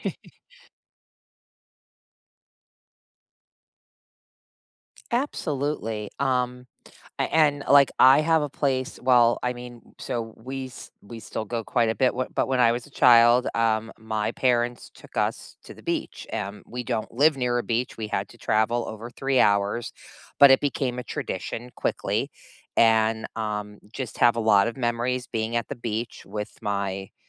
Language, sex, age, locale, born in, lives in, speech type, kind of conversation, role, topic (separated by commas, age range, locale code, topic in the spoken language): English, female, 50-54, en-US, United States, United States, conversation, unstructured, none, Where do you feel most at home, and why?